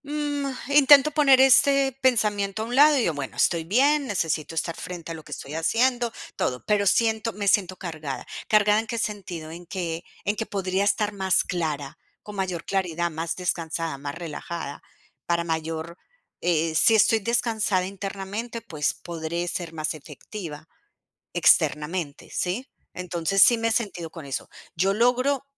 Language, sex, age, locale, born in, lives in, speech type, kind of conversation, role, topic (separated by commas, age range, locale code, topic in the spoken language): Spanish, female, 45-49, es-CO, Colombia, United States, conversation, advice, user, ¿Cómo puedo usar bloques de tiempo diarios para descansar y recuperarme mejor?